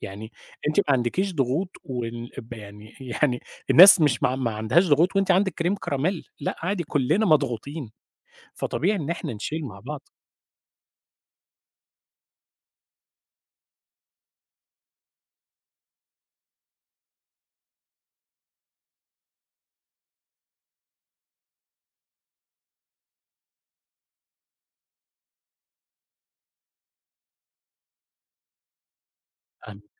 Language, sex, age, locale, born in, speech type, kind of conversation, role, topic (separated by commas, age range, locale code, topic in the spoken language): Arabic, male, 30-34, ar-EG, Egypt, conversation, advice, advisor, إزاي بتخبي مشاعرك الحقيقية عشان تتجنب الخناق مع الناس؟